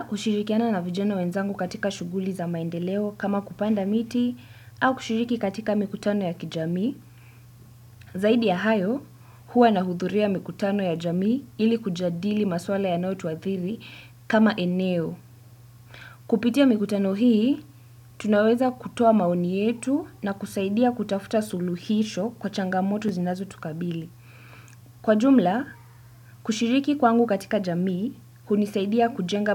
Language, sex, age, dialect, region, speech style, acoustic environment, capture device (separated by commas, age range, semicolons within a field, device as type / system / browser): Swahili, female, 18-24, Kenya - Nairobi Sheng-influenced, Kenya, relaxed, silence, desktop / Windows / Chrome